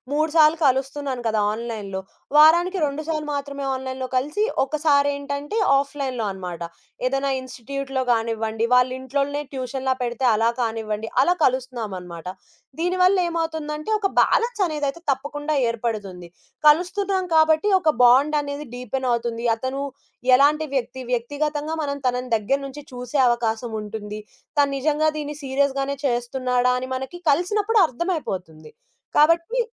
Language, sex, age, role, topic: Telugu, female, 20-24, guest, ఆన్‌లైన్‌లో మెంటర్‌ మార్గనిర్దేశనం మరియు ప్రత్యక్ష మెంటర్‌ మార్గనిర్దేశనం—మీకు ఏది ఎక్కువగా ఉపయోగపడిందో చెప్పగలరా?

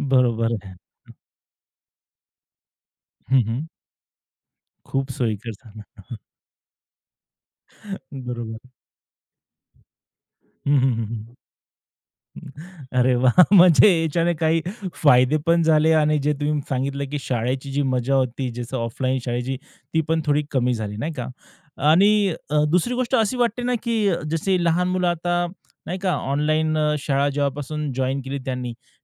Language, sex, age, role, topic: Marathi, male, 30-34, host, ऑनलाइन शिकणे आणि प्रत्यक्ष वर्गात शिकणे यातील मजा तुम्हाला कशी वेगळी वाटते?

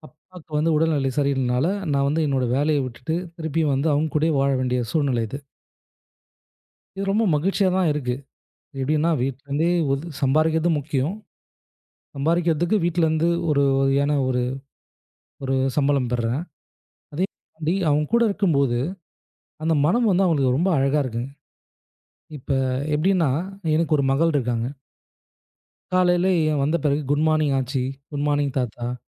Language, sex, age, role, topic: Tamil, male, 25-29, guest, மனமும் உடலும் சமநிலையாக இருக்க தினமும் நீங்கள் பின்பற்றும் நடைமுறைகள் என்னென்ன?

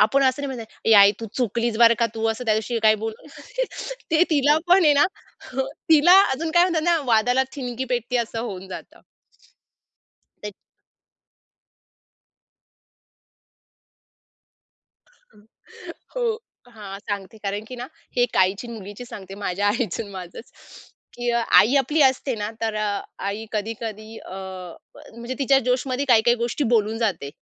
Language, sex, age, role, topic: Marathi, female, 30-34, guest, सत्य बोलताना समोरची व्यक्ती लक्ष देऊन ऐकतेय याची खात्री तुम्ही कशी करता?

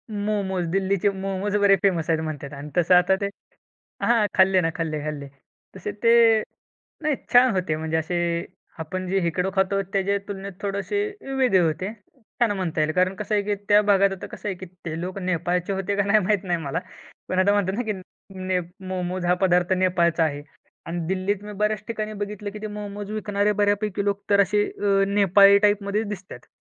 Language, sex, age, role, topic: Marathi, male, 20-24, guest, कोणत्या प्रवासामुळे तुमची दृष्टी बदलली?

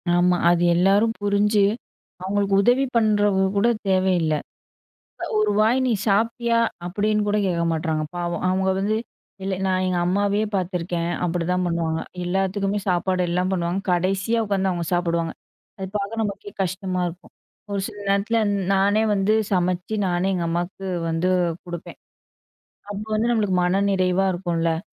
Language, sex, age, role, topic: Tamil, female, 25-29, guest, பொங்கல், தீபாவளி போன்ற சிறப்பு நாட்களில் உணவின் முக்கியத்துவத்தை நீங்கள் எப்படி விளக்குவீர்கள்?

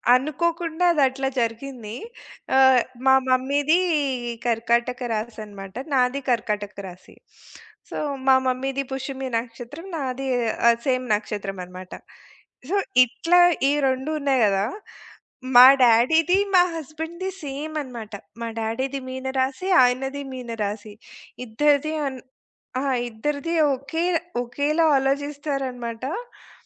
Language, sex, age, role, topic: Telugu, female, 40-44, guest, కౌన్సెలింగ్‌లో తల్లిదండ్రులను ఎలా చురుకుగా భాగస్వామ్యం చేస్తారు?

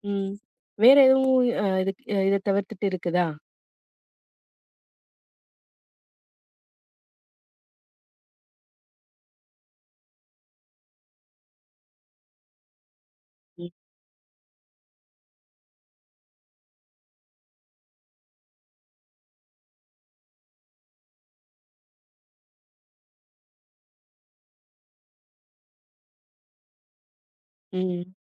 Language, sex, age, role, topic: Tamil, female, 35-39, host, குறைந்த பட்ஜெட்டில் வீட்டில் உங்கள் தனிப்பட்ட ஓய்விடத்தை அழகாகவும் வசதியாகவும் எப்படி அமைக்கலாம்?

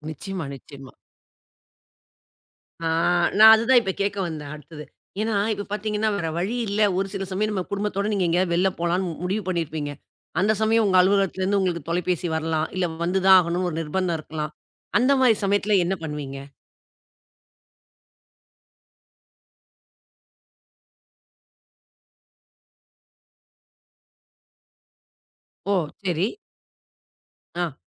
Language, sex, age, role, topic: Tamil, female, 40-44, host, குடும்பம், வேலை, கலை ஆகியவற்றை எவ்வாறு சமநிலைப்படுத்துகிறீர்கள்?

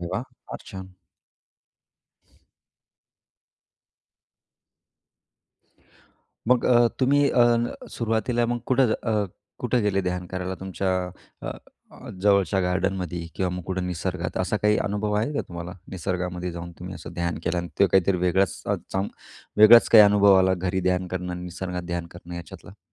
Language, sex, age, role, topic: Marathi, male, 35-39, host, तुम्ही निसर्गात ध्यानाची सुरुवात कशी करता?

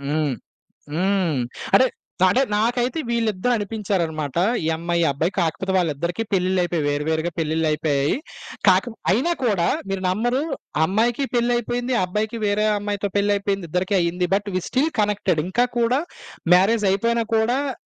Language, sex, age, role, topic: Telugu, male, 25-29, guest, కొత్తగా పరిచయమైన వాళ్లతో మీరు స్నేహాన్ని ఎలా పెంచుకుంటారు?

